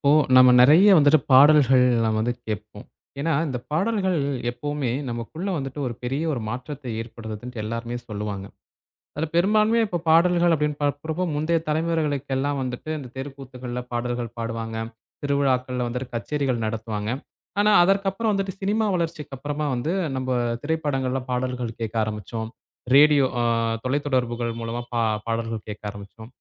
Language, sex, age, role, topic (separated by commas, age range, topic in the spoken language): Tamil, male, 20-24, host, சினிமா பாடல்கள் நம் இசை ரசனையை மாற்றிவிட்டதா?